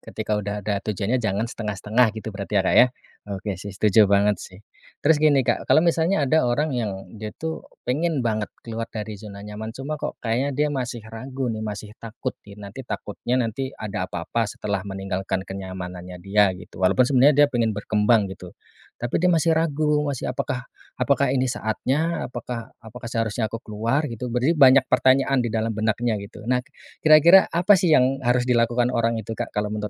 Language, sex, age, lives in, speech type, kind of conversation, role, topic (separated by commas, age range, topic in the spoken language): Indonesian, male, 30-34, Indonesia, conversation, podcast, host, Bagaimana kamu tahu kapan harus keluar dari zona nyaman?